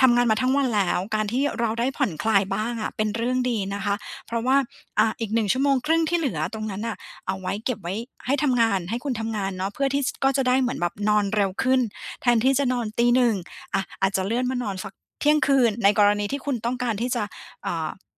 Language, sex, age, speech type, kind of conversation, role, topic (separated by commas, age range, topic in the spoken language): Thai, female, 40-44, conversation, advice, advisor, จะจัดสรรเวลาและงบประมาณอย่างไรเพื่อทำงานอดิเรก?